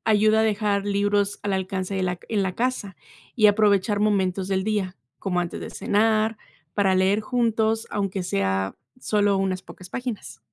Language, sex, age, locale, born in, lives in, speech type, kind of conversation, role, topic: Spanish, female, 30-34, es-MX, Mexico, United States, read, expert-assistant, expert, ¿Por qué es esencial fomentar la lectura en la infancia?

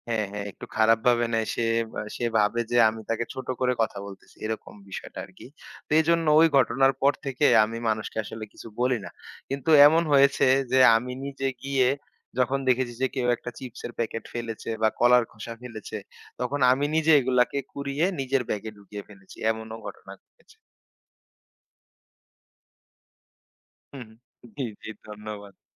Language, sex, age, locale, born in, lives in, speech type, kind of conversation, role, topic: Bengali, male, 25-29, bn-BD, Bangladesh, Bangladesh, conversation, podcast, guest, পিকনিকের সময় পরিবেশ রক্ষা করার সহজ উপায় কী?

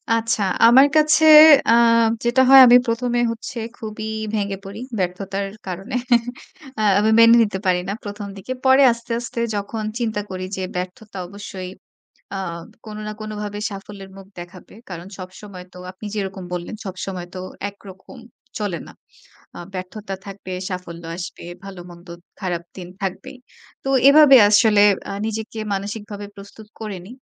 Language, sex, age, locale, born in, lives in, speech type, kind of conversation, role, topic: Bengali, female, 25-29, bn-BD, Bangladesh, Bangladesh, conversation, podcast, guest, নিজেকে সাহায্য চাইতে লজ্জা লাগলে আপনি কীভাবে সেই লজ্জা কাটান?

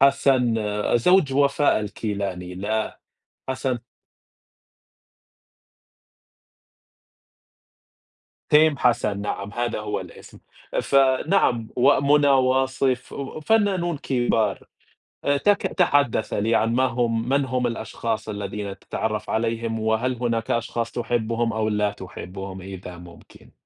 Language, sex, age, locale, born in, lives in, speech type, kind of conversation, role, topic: Arabic, male, 30-34, ar-SA, Saudi Arabia, United States, conversation, unstructured, none, إيه أكتر ذكرى بتحبها من حفلة موسيقية حضرتها؟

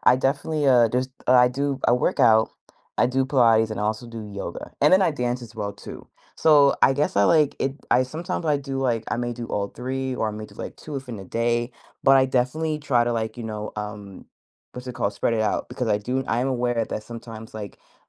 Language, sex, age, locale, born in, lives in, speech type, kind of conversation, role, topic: English, female, 30-34, en-US, United States, United States, conversation, podcast, guest, What habits or routines help you maintain your overall well-being?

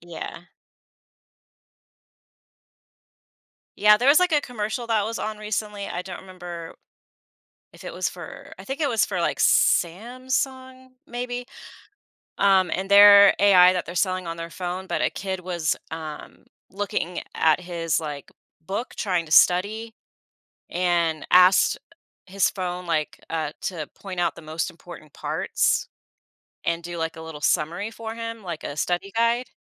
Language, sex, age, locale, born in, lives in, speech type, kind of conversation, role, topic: English, female, 40-44, en-US, United States, United States, conversation, podcast, host, How do teachers make a lasting impact on their students' lives?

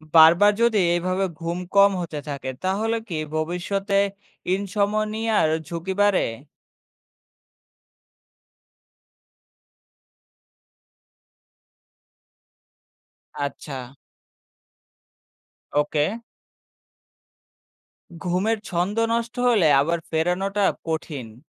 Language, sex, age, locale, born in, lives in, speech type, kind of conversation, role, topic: Bengali, male, 25-29, bn-BD, Bangladesh, Bangladesh, read, expert-assistant, asker, অনেকে মনে করেন, ঘুমের ঘাটতি শুধু একদিন বেশি ঘুমিয়ে পুষিয়ে নেওয়া যায়—বিজ্ঞান কী বলে, এটা কি সম্ভব?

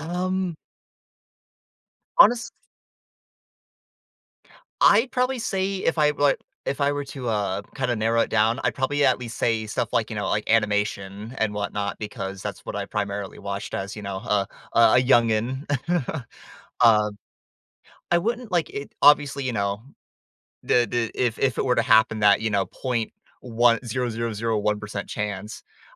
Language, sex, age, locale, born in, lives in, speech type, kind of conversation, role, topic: English, male, 20-24, en-US, United States, United States, conversation, podcast, guest, How do childhood dreams continue to shape who we become as adults?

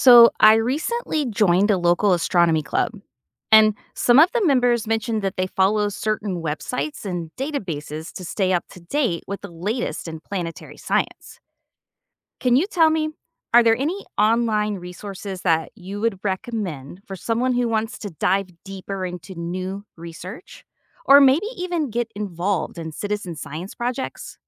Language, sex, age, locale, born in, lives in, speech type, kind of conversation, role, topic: English, female, 45-49, en-US, United States, United States, read, expert-assistant, asker, What are the best online resources for planetary science research?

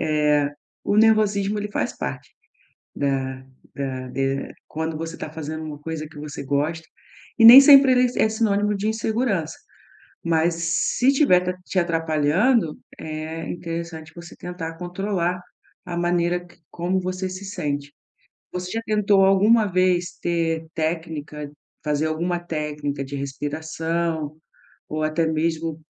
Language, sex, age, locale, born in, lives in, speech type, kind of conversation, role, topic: Portuguese, female, 40-44, pt-BR, Brazil, Portugal, conversation, advice, advisor, Como posso controlar o nervosismo ao fazer uma apresentação para um grupo?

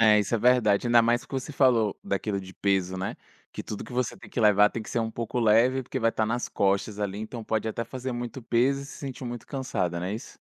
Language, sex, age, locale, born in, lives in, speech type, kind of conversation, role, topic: Portuguese, male, 25-29, pt-BR, Brazil, France, conversation, podcast, host, Que dicas você daria para quem quer começar a acampar?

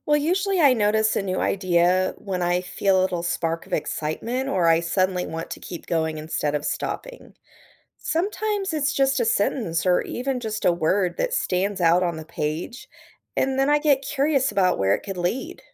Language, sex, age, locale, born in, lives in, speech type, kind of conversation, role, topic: English, female, 40-44, en-US, United States, United States, read, expert-assistant, asker, How can you find inspiration when you feel stuck?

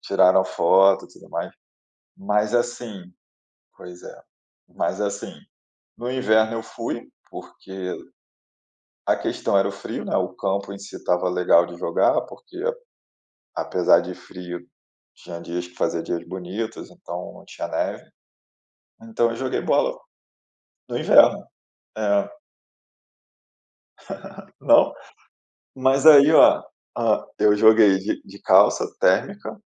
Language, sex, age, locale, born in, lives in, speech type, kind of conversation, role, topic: Portuguese, male, 30-34, pt-BR, Brazil, Germany, conversation, unstructured, none, Como você adapta seu estilo de vida às diferentes estações do ano?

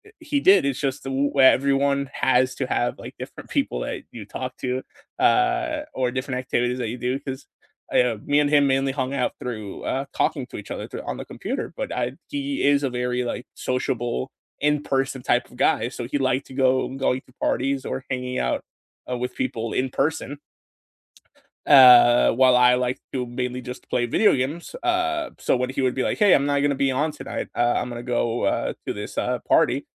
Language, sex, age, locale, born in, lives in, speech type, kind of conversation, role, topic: English, male, 20-24, en-US, United States, United States, conversation, unstructured, none, Is jealousy ever a good thing in love?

